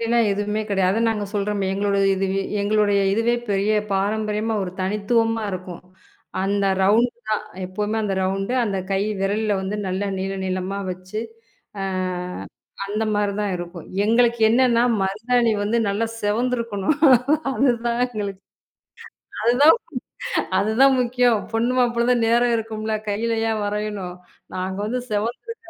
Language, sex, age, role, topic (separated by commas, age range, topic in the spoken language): Tamil, female, 35-39, guest, உங்கள் குடும்பத்தில் திருமண மரபுகள் எப்படிப் பின்பற்றப்படுகின்றன?